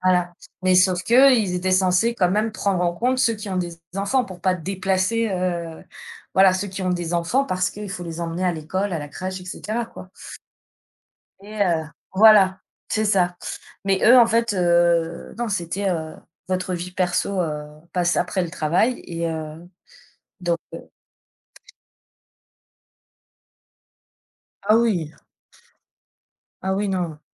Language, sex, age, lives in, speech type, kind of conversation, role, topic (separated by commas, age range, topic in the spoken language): French, female, 35-39, France, conversation, unstructured, none, Comment trouves-tu l’équilibre entre le travail et la vie personnelle ?